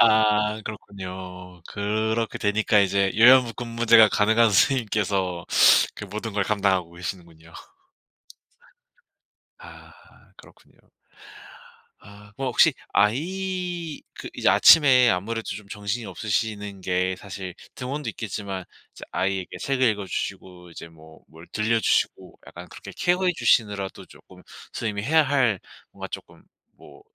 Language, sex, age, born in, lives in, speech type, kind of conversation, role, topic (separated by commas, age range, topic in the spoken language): Korean, male, 25-29, South Korea, South Korea, conversation, advice, advisor, 간단하고 지속 가능한 아침 습관을 어떻게 설계하고 꾸준히 유지할 수 있을까요?